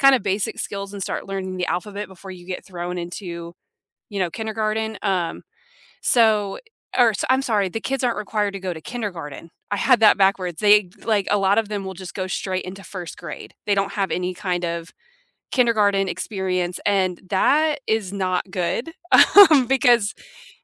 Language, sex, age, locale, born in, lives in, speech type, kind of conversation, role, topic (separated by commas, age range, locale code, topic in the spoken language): English, female, 30-34, en-US, United States, United States, conversation, unstructured, none, How has your approach to learning changed lately, and what experiences or people sparked those shifts?